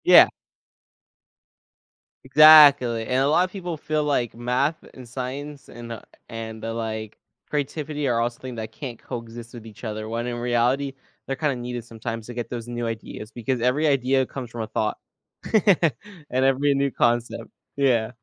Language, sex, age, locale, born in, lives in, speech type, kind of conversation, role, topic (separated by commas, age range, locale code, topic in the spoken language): English, male, 20-24, en-US, United States, United States, conversation, podcast, guest, What are some ways people can nurture and develop their creativity over time?